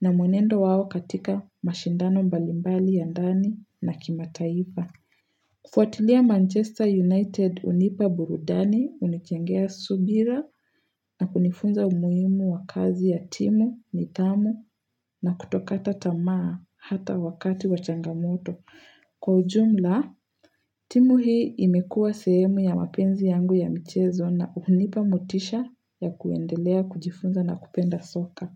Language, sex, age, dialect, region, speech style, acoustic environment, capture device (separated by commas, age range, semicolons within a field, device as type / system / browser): Swahili, female, 18-24, Kenya - Nairobi Sheng-influenced, Kenya, excited, nature, mobile / Linux / Chrome